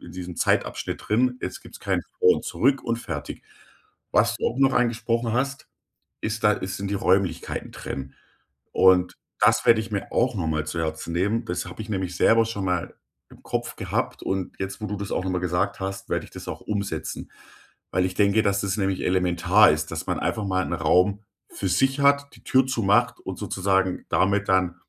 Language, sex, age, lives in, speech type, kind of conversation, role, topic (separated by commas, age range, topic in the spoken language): German, male, 35-39, Germany, conversation, advice, user, Wie kann ich meine Arbeitsblöcke planen und Ablenkungen effektiv minimieren?